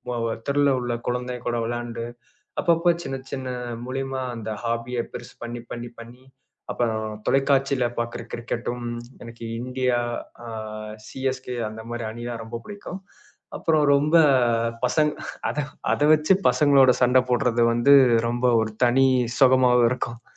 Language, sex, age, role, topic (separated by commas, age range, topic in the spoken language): Tamil, male, 25-29, guest, புதிதாக ஒரு பொழுதுபோக்கைத் தொடங்க நீங்கள் எப்படி முயற்சி செய்கிறீர்கள்?